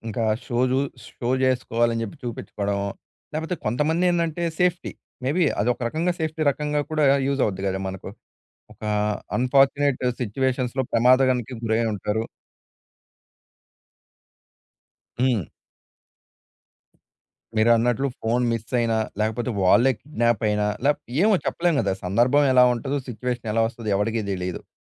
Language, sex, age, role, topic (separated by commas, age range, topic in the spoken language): Telugu, male, 20-24, guest, ఆన్‌లైన్ గోప్యత మీకు ఎంత ముఖ్యంగా అనిపిస్తుంది?